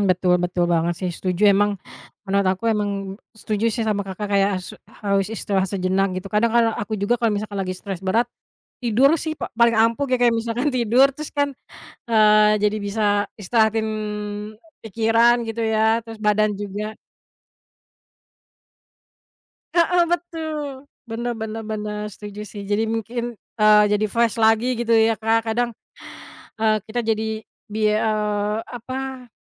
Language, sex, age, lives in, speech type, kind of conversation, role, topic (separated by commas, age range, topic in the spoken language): Indonesian, female, 30-34, Indonesia, conversation, unstructured, none, Kebiasaan kecil apa yang kamu lakukan untuk menjaga kesehatan mental?